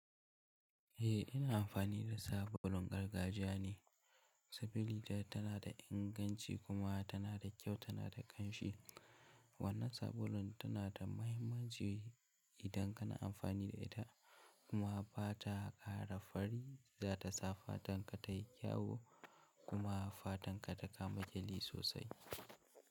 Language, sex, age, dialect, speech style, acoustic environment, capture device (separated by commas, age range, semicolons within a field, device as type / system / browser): Hausa, male, 18-24, Nigeria - Kano, happy; excited; focused, silence, mobile / Linux / Chrome